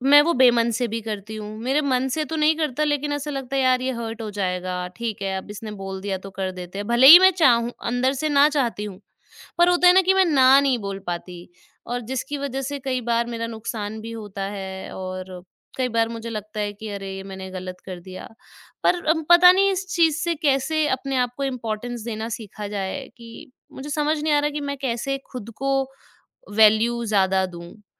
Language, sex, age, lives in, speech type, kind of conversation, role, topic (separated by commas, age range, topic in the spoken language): Hindi, female, 25-29, India, conversation, advice, user, आप अक्सर अपनी ज़रूरतों से पहले दूसरों की ज़रूरतों को क्यों रखते हैं?